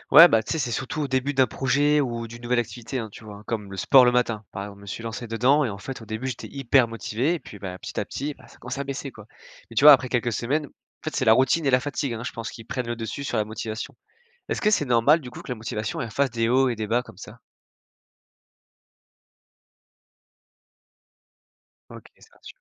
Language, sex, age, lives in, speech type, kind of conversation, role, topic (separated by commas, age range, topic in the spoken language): French, male, 20-24, France, read, expert-assistant, asker, Comment hiérarchiser mes objectifs de développement personnel pour avancer étape par étape ?